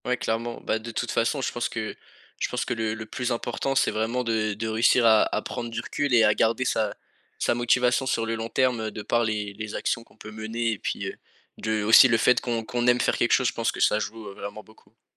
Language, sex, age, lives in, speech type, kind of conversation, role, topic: French, male, 18-19, France, conversation, podcast, host, Quel conseil donnerais-tu à quelqu’un qui veut créer, mais qui hésite ?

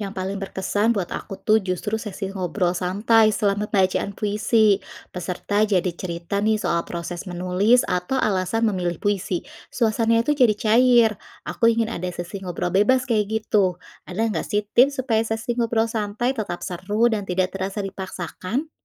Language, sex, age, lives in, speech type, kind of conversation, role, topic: Indonesian, female, 35-39, Indonesia, read, expert-assistant, asker, Bagaimana cara merencanakan klub puisi mingguan agar berjalan lancar dan menarik minat anggota baru?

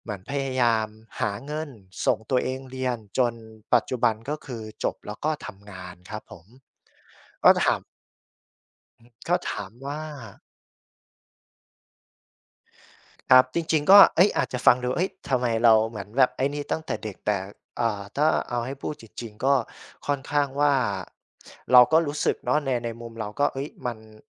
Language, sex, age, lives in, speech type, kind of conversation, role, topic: Thai, male, 25-29, Thailand, conversation, unstructured, none, ความสูญเสียทำให้คุณเปลี่ยนแปลงตัวเองอย่างไร?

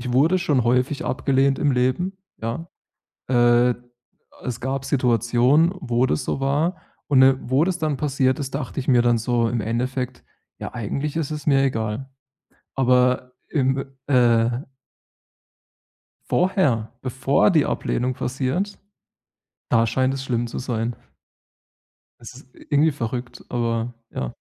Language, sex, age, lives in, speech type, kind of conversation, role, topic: German, male, 30-34, Germany, conversation, advice, user, Wie kann ich beim ersten Kennenlernen ruhig bleiben?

